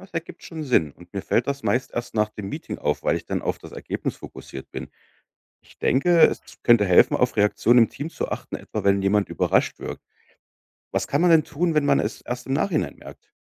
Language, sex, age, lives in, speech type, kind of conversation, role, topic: German, male, 35-39, Germany, read, expert-assistant, asker, Stimmt es, dass Forscher manchmal helfen, wenn verschiedene Kulturen zusammenarbeiten sollen?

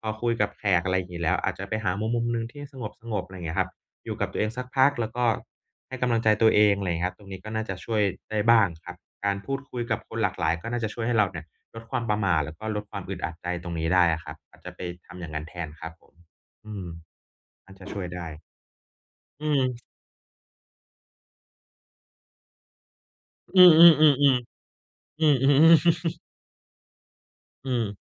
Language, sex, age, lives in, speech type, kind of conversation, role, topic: Thai, male, 30-34, Thailand, conversation, advice, advisor, ฉันควรจัดการความคาดหวังทางสังคมในงานเลี้ยงอย่างไร?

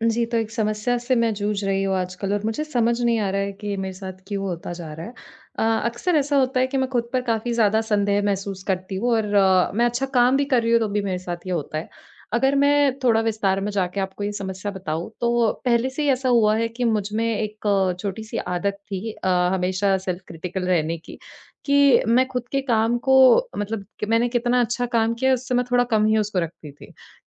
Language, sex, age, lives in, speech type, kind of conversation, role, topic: Hindi, female, 20-24, India, conversation, advice, user, मैं अच्छा काम करने के बावजूद अक्सर खुद पर संदेह क्यों महसूस करता/करती हूँ?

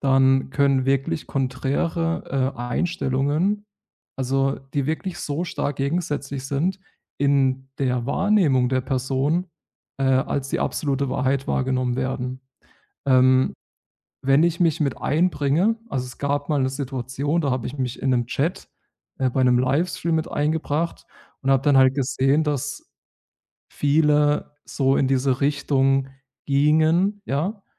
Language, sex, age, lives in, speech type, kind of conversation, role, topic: German, male, 30-34, Germany, conversation, podcast, guest, Wie sagst du ehrlich und überzeugend „Ich weiß es nicht“?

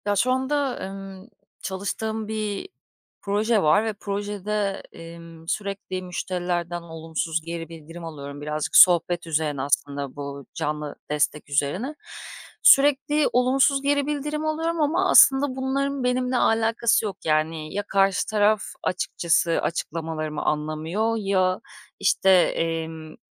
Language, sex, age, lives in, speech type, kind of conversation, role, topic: Turkish, female, 35-39, Greece, conversation, advice, user, Sürekli olumsuz geri bildirim aldığım bir projede motivasyonumu nasıl koruyabilirim?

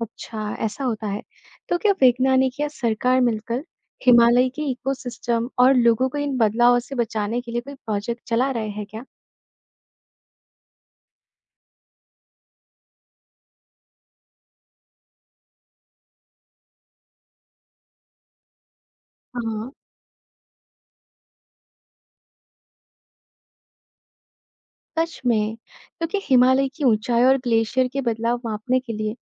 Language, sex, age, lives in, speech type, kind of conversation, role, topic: Hindi, male, 55-59, India, read, expert-assistant, asker, क्या यह सच है कि हिमालय पर्वत हर साल थोड़ा-थोड़ा ऊँचा होता जा रहा है? इसके पीछे वैज्ञानिक कारण क्या हैं?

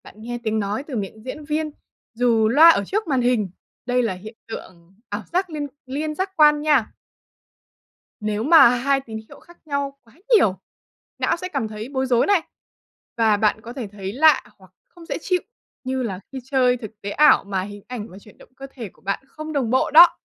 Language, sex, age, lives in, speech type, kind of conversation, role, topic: Vietnamese, female, 20-24, Vietnam, read, expert-assistant, expert, Làm thế nào não bộ phân biệt được màu sắc?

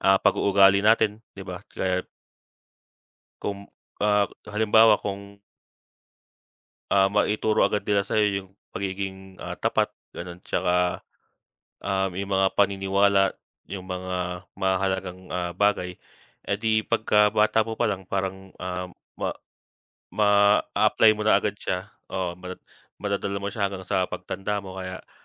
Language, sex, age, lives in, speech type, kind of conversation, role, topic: Filipino, male, 25-29, Philippines, conversation, unstructured, none, Ano ang naging papel ng pamilya mo sa paghubog ng iyong pagkatao?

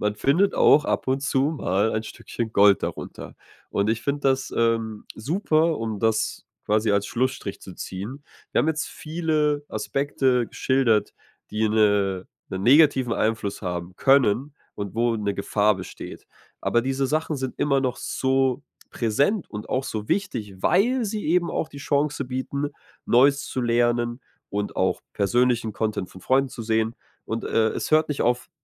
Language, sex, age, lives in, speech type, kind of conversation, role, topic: German, male, 25-29, Germany, conversation, podcast, host, Wie verändern Influencer unser Bild von Erfolg und Glück?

